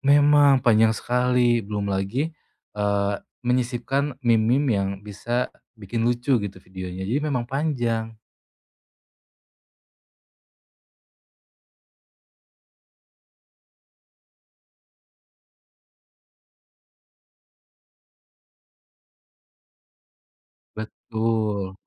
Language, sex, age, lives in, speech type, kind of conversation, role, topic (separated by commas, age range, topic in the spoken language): Indonesian, male, 25-29, Indonesia, conversation, advice, user, Bagaimana cara mulai berkarya tanpa terjebak takut gagal karena khawatir hasilnya tidak sempurna?